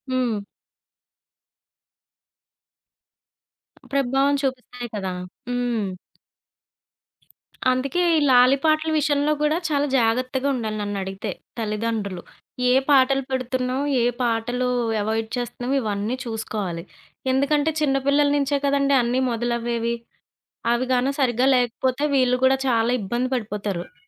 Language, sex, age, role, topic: Telugu, female, 30-34, guest, చిన్నప్పుడు మీకు పాడి వినిపించిన లల్లబోతలు మీపై ఎలా ప్రభావం చూపాయో చెప్పగలరా?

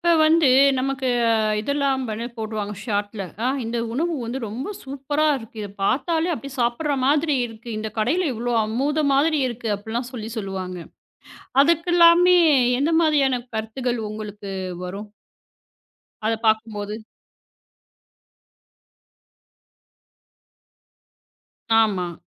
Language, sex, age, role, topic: Tamil, female, 35-39, host, சிறு காணொளிகள் உங்கள் சுவையை பாதித்திருக்கின்றன என்று நீங்கள் நினைக்கிறீர்களா?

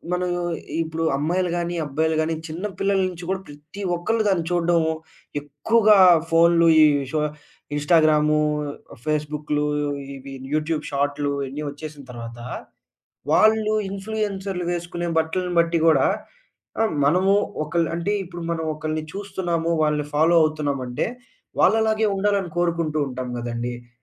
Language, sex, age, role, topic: Telugu, male, 20-24, host, మీ దుస్తుల ఎంపికల ద్వారా మీరు ఏ సందేశం ఇవ్వాలని కోరుకుంటారు?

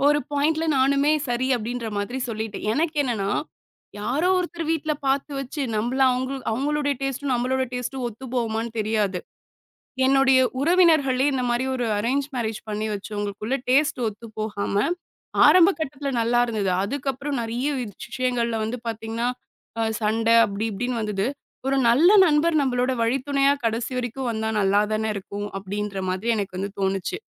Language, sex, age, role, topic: Tamil, female, 25-29, guest, முதல் காதலரை முதன்முறையாக சந்தித்தபோது உங்களுக்கு நடந்த அனுபவம் என்ன?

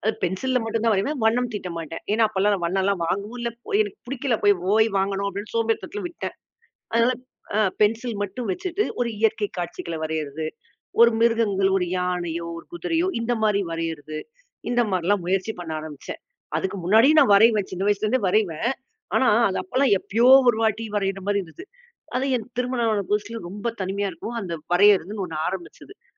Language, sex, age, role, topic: Tamil, female, 40-44, guest, உங்கள் பிடித்த பொழுதுபோக்கு என்ன என்று சுருக்கமாக சொல்ல முடியுமா?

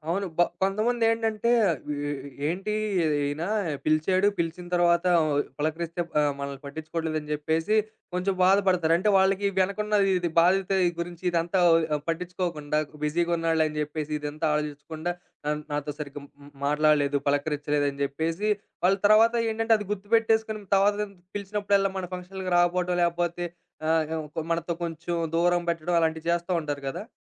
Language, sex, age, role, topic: Telugu, male, 25-29, host, సమాజ మద్దతును బలపర్చేందుకు మీరు సూచించే ఒక చిన్న మార్పు ఏమిటి?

